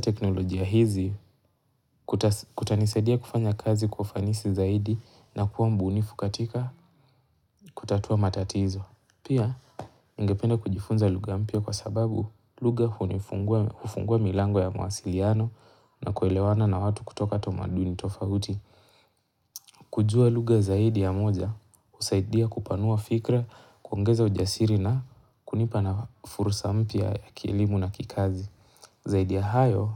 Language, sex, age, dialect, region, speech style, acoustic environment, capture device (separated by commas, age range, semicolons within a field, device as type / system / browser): Swahili, male, 18-24, Kenya - Mombasa, Kenya, relaxed, nature, mobile / Linux / Chrome